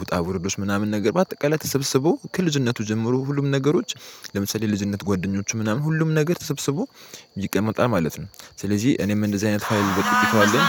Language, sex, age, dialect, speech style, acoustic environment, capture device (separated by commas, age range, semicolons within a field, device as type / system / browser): Amharic, male, 18-24, Ethiopia - Addis Ababa, happy, silence, mobile / Linux / Chrome